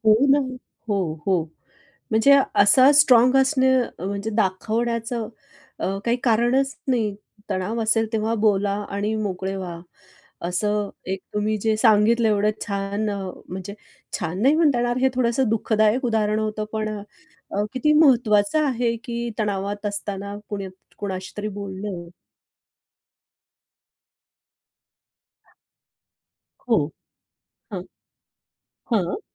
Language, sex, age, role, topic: Marathi, female, 45-49, host, तणाव हाताळताना लोक साधारणपणे कोणत्या चुका करतात, असं तुम्हाला वाटतं?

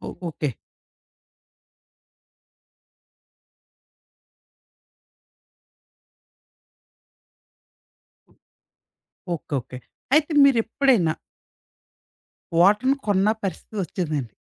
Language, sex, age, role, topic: Telugu, male, 30-34, host, నీవు రోజూ నీటిని ఎలా పొదుపు చేస్తావు?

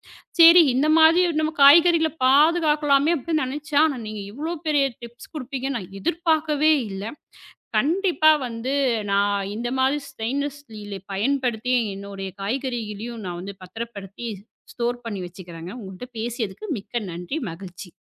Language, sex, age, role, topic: Tamil, female, 35-39, host, பேன்ட்ரியை எளிய முறையில் எப்படி ஒழுங்குபடுத்துவீர்கள்?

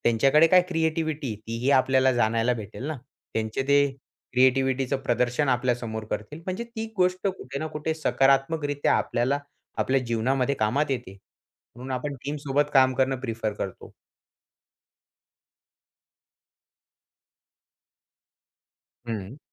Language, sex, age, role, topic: Marathi, male, 20-24, guest, एकट्याने काम करणं आणि संघात काम करणं यांची तुलना तुम्ही कशी कराल?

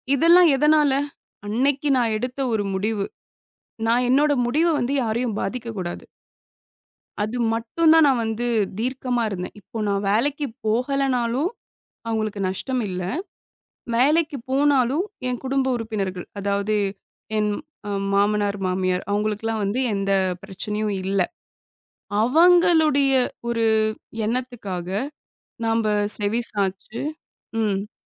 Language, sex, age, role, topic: Tamil, female, 25-29, guest, உங்கள் வாழ்க்கை நோக்கத்தை உங்கள் குடும்பம் எப்படி பாதிக்கிறது?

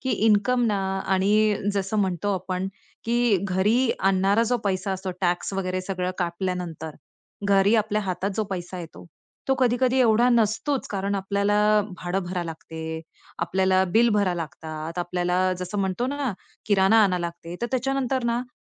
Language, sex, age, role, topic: Marathi, female, 35-39, host, करिअर बदलताना आर्थिक तयारी कशी करावी?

